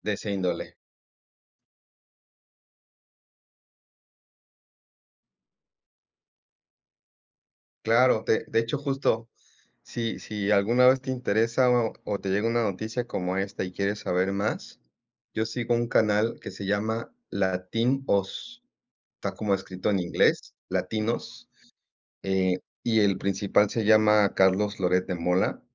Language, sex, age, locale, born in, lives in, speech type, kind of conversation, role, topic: Spanish, male, 45-49, es-MX, Mexico, Mexico, conversation, unstructured, none, ¿Qué opinas sobre la manera en que los medios presentan las noticias?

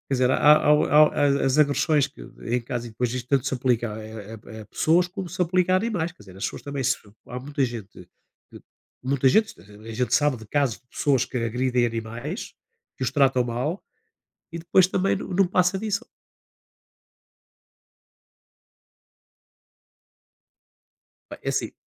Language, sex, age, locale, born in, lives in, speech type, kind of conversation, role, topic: Portuguese, male, 60-64, pt-PT, Portugal, Portugal, conversation, unstructured, none, Quais são os benefícios de adotar um animal de estimação em vez de comprá-lo?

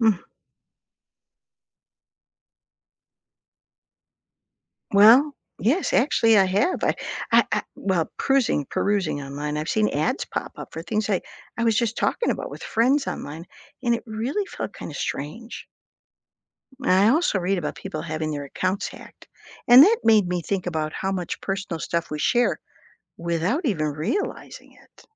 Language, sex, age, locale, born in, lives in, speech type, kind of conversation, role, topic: English, female, 60-64, en-US, United States, United States, read, expert-assistant, asker, Why is online privacy important?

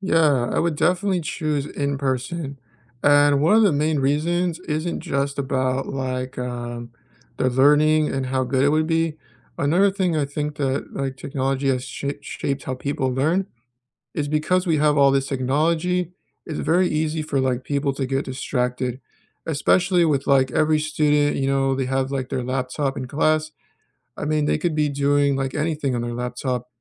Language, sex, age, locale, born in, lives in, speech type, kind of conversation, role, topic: English, male, 25-29, en-US, United States, United States, conversation, unstructured, none, How has technology shaped your learning, from your first online lesson to connecting with classmates and mentors?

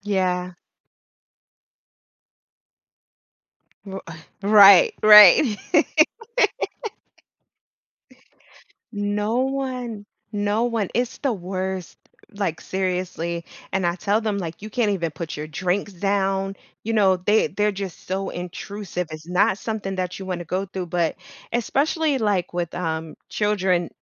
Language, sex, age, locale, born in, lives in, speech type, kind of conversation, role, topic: English, female, 35-39, en-US, United States, United States, conversation, advice, user, How can I stop dishes from piling up so I don't feel grossed out?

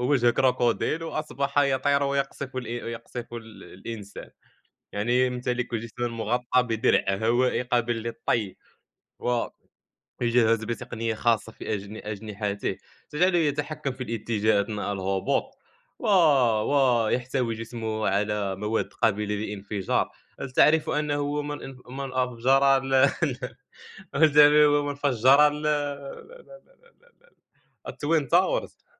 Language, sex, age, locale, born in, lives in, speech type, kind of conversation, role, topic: Arabic, male, 20-24, ar-MA, Morocco, France, conversation, unstructured, none, لو تقدر تدي حيوان القدرة إنه يعيش في البحر، هيبقى شكله عامل إزاي؟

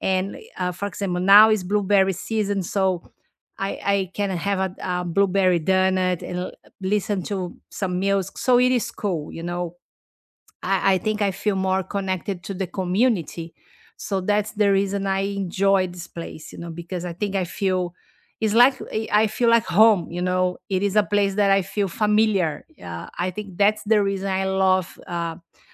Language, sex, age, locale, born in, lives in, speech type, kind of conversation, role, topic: English, female, 50-54, en-BR, Brazil, United States, conversation, unstructured, none, What hidden gems in your city do you love to show visitors?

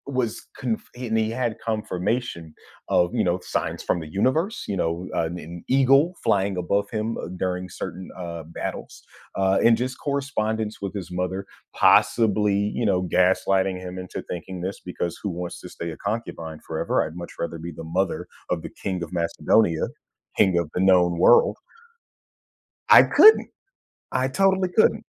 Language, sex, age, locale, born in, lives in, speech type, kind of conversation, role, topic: English, male, 30-34, en-US, United States, United States, conversation, podcast, guest, What helps someone discover and express their personal style?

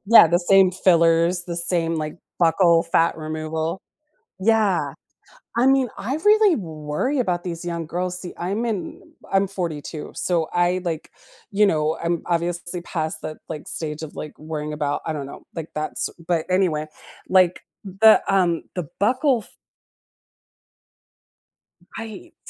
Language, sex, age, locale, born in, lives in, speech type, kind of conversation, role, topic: English, female, 45-49, en-US, United States, United States, conversation, podcast, guest, How has social media changed the way we connect and interact with others?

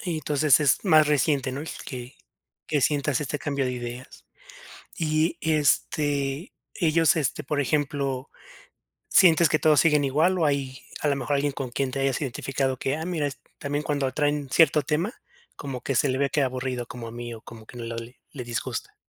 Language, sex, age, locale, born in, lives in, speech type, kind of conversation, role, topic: Spanish, male, 30-34, es-MX, Mexico, Mexico, conversation, advice, advisor, ¿Cómo puedo vivir de forma auténtica de acuerdo con mis valores cuando me siento presionado?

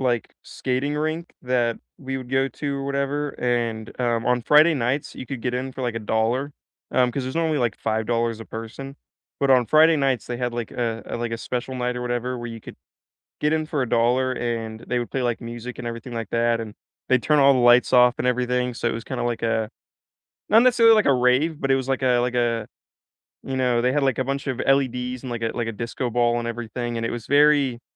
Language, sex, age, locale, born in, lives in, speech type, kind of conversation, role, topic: English, male, 18-19, en-US, United States, United States, conversation, unstructured, none, Which places feel like home to you, and how do they shape your sense of belonging?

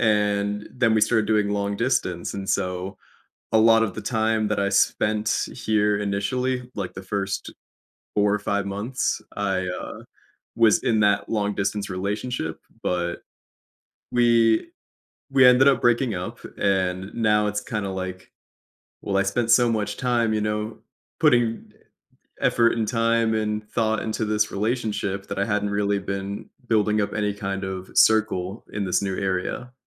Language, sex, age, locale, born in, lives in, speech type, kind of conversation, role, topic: English, male, 25-29, en-US, United States, United States, conversation, advice, user, How can I make friends after moving to a new city?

